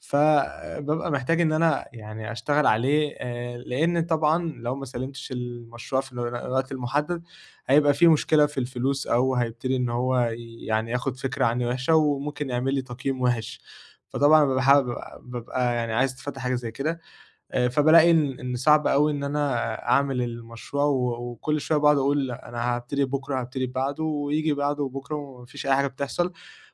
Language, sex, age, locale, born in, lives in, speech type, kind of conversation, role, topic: Arabic, male, 20-24, ar-EG, Egypt, Egypt, conversation, advice, user, إزاي أبطل تسويف وأركز أكتر على الحاجات المهمة؟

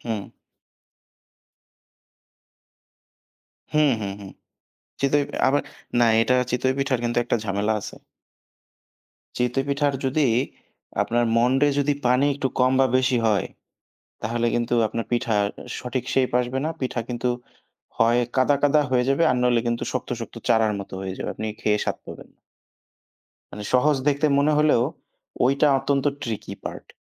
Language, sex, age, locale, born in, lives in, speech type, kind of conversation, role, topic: Bengali, male, 25-29, bn-BD, Bangladesh, Bangladesh, conversation, unstructured, none, দেশের কোন খাবারটি আপনার সবচেয়ে ভালো লাগে?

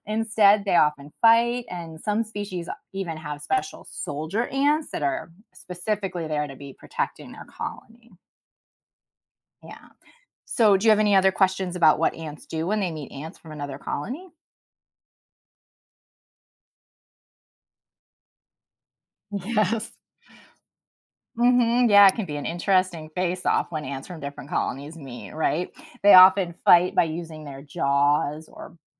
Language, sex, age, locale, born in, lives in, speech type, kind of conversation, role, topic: English, female, 40-44, en-US, United States, United States, read, expert-assistant, expert, How do ants communicate and work together in a colony?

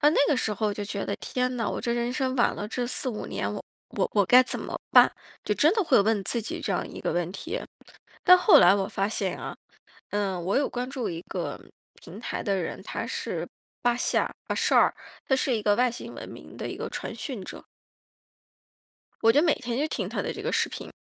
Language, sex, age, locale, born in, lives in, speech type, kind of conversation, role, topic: Chinese, female, 35-39, zh-CN, China, United States, conversation, advice, user, 我总是忍不住和同龄人比较而感到焦虑，该怎么办？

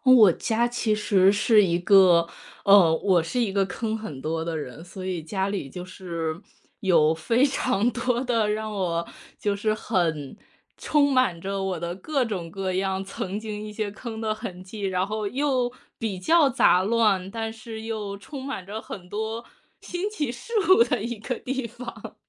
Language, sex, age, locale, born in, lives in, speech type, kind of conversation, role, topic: Chinese, female, 30-34, zh-CN, China, United States, conversation, podcast, guest, 你家里最能让你放松的角落是哪里，为什么？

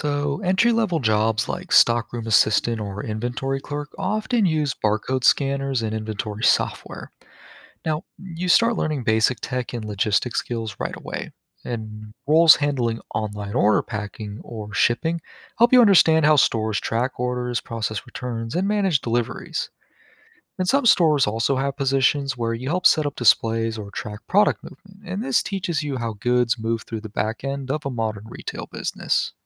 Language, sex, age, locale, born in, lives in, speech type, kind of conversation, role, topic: English, male, 20-24, en-US, United States, United States, read, expert-assistant, expert, What are the implications of artificial intelligence on employment?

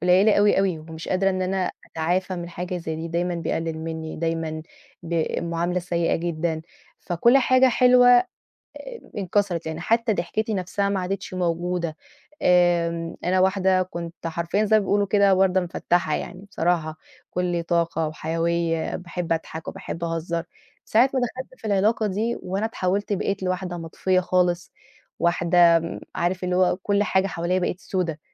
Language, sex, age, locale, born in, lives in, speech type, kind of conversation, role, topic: Arabic, female, 30-34, ar-EG, Egypt, Portugal, conversation, advice, user, إزاي أرجع أثق تاني وأدخل في علاقة جديدة؟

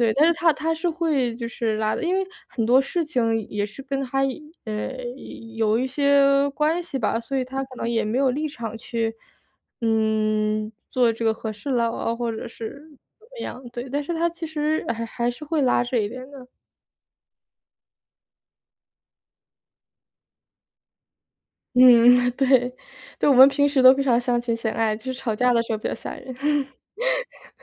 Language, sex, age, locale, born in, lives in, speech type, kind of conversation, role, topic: Chinese, female, 25-29, zh-CN, China, United States, conversation, advice, user, 我在情绪被触发时，怎样才能更好地调节自己的情绪？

